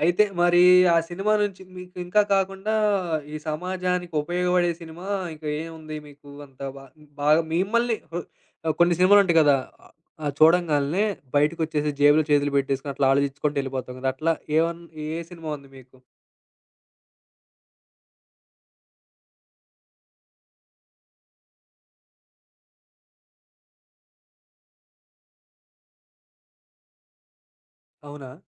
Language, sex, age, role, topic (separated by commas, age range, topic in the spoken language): Telugu, male, 25-29, host, సినిమా కథలు మన సమాజాన్ని ఎలా మార్చతాయని మీకు అనిపిస్తుంది?